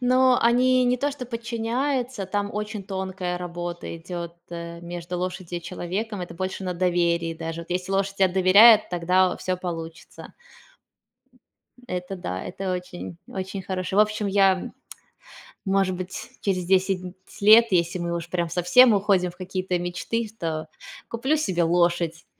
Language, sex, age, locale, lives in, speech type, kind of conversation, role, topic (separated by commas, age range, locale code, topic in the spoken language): Russian, female, 35-39, ru-RU, Spain, conversation, unstructured, none, Как ты видишь себя через десять лет?